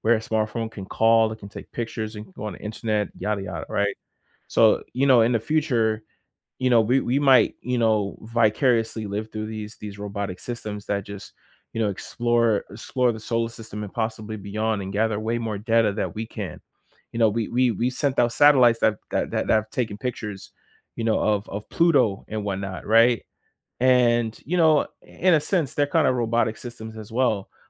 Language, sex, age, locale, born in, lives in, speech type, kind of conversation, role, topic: English, male, 25-29, en-US, United States, United States, conversation, unstructured, none, How will robots affect jobs in the future?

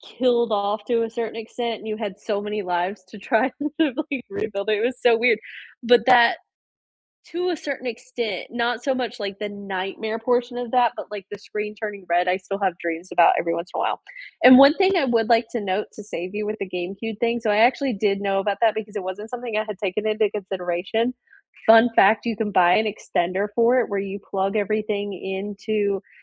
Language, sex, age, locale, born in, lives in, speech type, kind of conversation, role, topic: English, female, 20-24, en-US, United States, United States, conversation, unstructured, none, Which childhood cartoon or game still makes you smile?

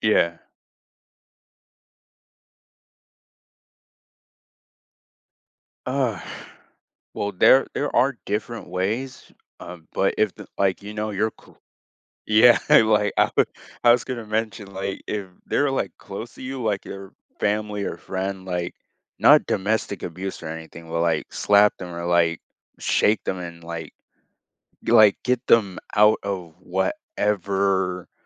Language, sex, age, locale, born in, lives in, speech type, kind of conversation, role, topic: English, male, 18-19, en-US, United States, United States, conversation, podcast, guest, What helps you navigate disagreements while maintaining respect and understanding?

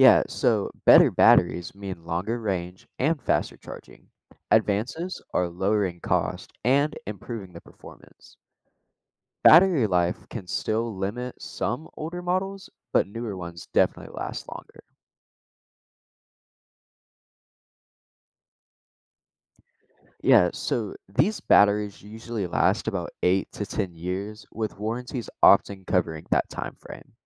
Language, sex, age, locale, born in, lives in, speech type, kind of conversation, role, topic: English, male, 18-19, en-US, United States, United States, read, expert-assistant, expert, How do electric cars work and what are their benefits?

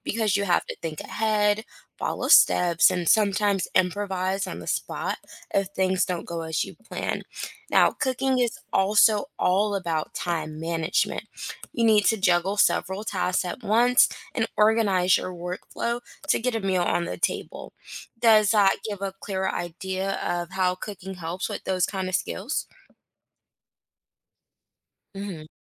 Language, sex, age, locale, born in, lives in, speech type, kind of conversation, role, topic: English, female, 25-29, en-US, United States, United States, read, expert-assistant, expert, What are the positive effects of learning to cook?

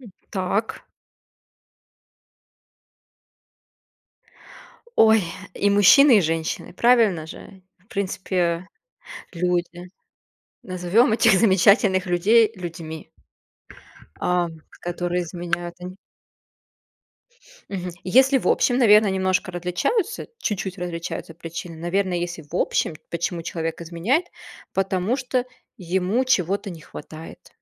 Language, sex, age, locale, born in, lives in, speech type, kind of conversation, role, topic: Russian, female, 30-34, ru-RU, Russia, Germany, conversation, unstructured, none, Почему люди изменяют в отношениях?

